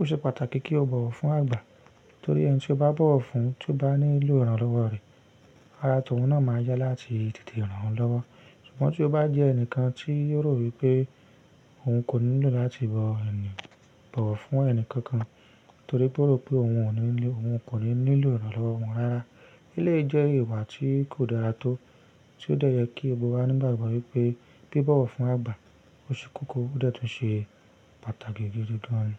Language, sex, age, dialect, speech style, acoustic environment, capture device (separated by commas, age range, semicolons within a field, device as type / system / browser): Yoruba, male, 25-34, Nigeria - Ibadan, stressed; focused, nature; silence, mobile / Linux / Chrome